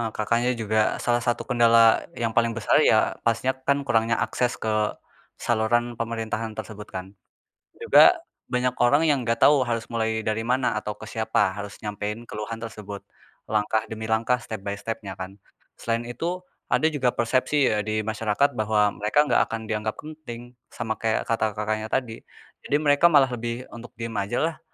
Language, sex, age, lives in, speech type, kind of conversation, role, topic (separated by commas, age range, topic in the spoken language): Indonesian, male, 20-24, Indonesia, conversation, unstructured, none, Bagaimana caranya agar suara masyarakat kecil lebih didengar oleh pemerintah?